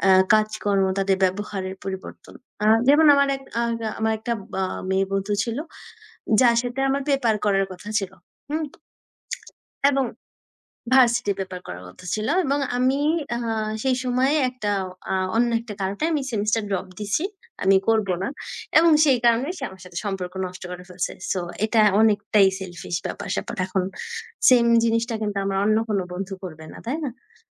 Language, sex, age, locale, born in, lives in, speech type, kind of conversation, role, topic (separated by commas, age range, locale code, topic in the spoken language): Bengali, female, 20-24, bn-BD, Bangladesh, Bangladesh, conversation, unstructured, none, বন্ধুত্ব আপনার জীবন কীভাবে বদলে দিয়েছে?